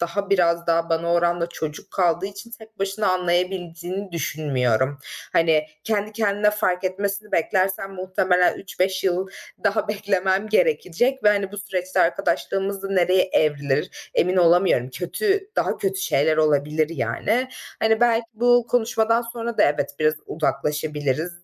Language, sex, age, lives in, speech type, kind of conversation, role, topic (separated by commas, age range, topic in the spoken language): Turkish, female, 25-29, Germany, conversation, advice, user, Arkadaşlıklarımda neden sınır koyma ihtiyacı hissediyorum?